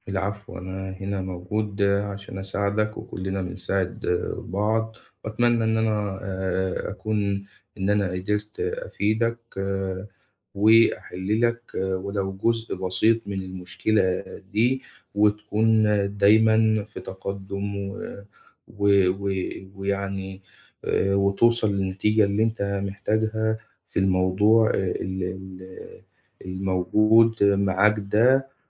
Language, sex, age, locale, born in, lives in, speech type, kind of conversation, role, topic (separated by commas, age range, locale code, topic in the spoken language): Arabic, male, 25-29, ar-EG, Egypt, Egypt, conversation, advice, advisor, إزاي أحط حدود صحية مع الناس اللي بيدّوني نصايح من غير ما أطلبها؟